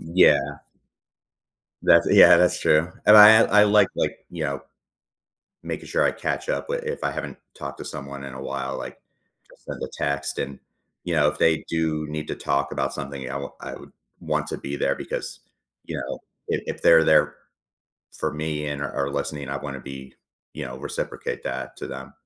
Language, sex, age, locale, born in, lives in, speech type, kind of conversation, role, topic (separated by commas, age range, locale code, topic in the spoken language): English, male, 40-44, en-US, United States, United States, conversation, advice, user, How can I manage daily stress from responsibilities?